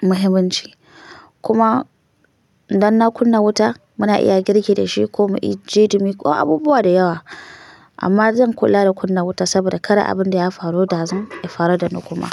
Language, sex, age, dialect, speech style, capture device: Hausa, female, 25-34, Nigeria - Kano, nervous; happy, mobile / Linux / Chrome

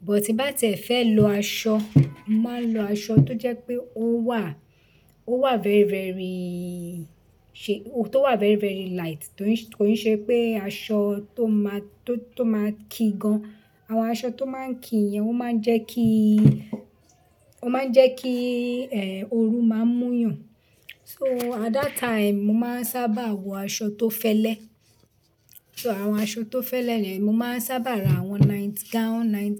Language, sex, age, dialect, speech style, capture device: Yoruba, female, 35-44, Nigeria - Lagos Yoruba, relaxed, desktop / Linux / Chrome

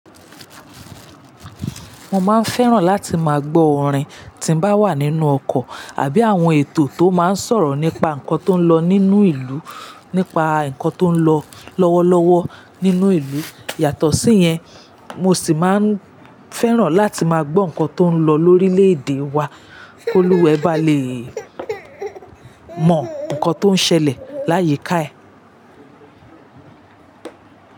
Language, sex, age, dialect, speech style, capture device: Yoruba, female, 35-44, Nigeria - Lagos Yoruba, relaxed, mobile / Linux / Chrome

